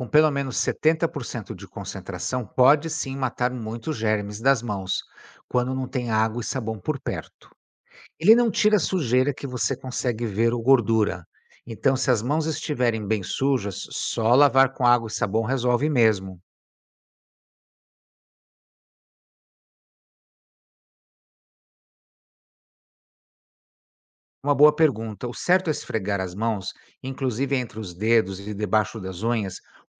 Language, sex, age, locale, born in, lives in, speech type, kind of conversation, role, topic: Portuguese, male, 55-59, pt-BR, Brazil, United States, read, expert-assistant, expert, Por que a higiene das mãos é essencial para evitar doenças?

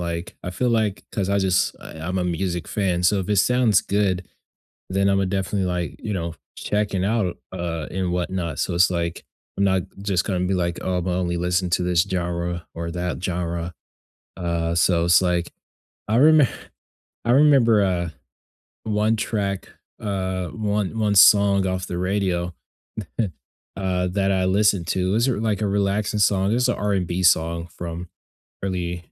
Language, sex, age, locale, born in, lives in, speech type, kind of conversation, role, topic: English, male, 20-24, en-US, United States, United States, conversation, unstructured, none, Which songs or genres instantly change your mood, and what memories or meanings make them special to you?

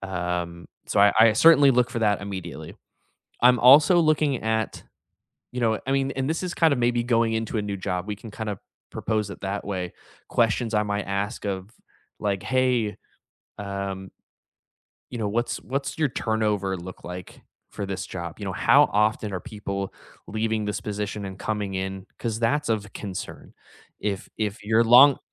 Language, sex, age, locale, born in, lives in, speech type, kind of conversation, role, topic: English, male, 30-34, en-US, United States, United States, conversation, unstructured, none, How has your idea of a good job evolved from your first gigs to now, and why?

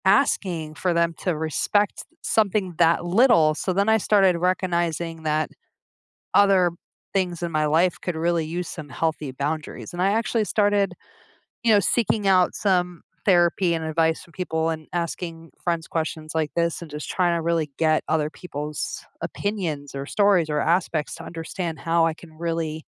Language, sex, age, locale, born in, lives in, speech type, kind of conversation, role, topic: English, female, 40-44, en-US, United States, United States, conversation, advice, user, How can I set and maintain healthy personal boundaries with others?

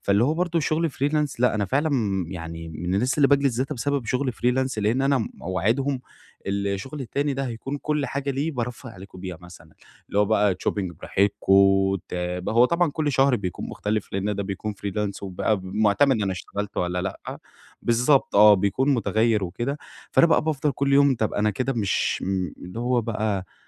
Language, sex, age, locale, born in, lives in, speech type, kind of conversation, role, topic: Arabic, male, 45-49, ar-EG, Egypt, Egypt, conversation, advice, user, إزاي أتعامل بفعالية لما الشغل اليومي بيتراكم عليّا؟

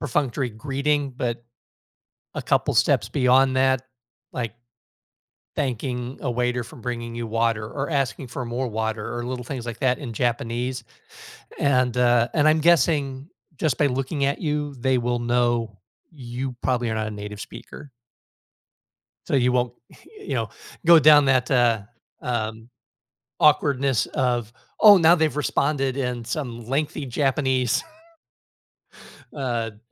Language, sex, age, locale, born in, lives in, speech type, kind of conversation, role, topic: English, male, 55-59, en-US, United States, United States, conversation, advice, advisor, How can I make my daily routine feel less repetitive and more energizing?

